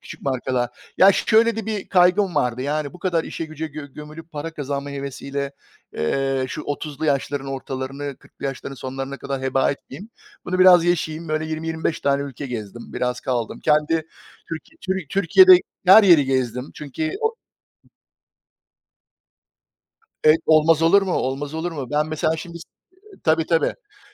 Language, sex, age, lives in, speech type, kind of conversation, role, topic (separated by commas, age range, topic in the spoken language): Turkish, male, 45-49, United States, conversation, unstructured, none, Yaratıcılığınızı hangi hobilerle ortaya çıkarıyorsunuz?